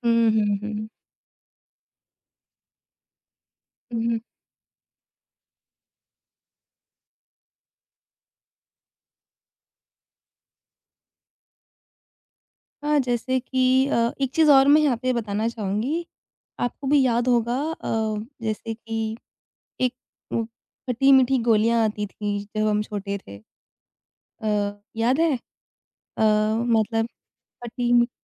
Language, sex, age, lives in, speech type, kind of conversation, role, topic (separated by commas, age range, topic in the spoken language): Hindi, female, 25-29, India, conversation, podcast, guest, Kaunse swaad tumhe bachpan ki yaad dilaate hain?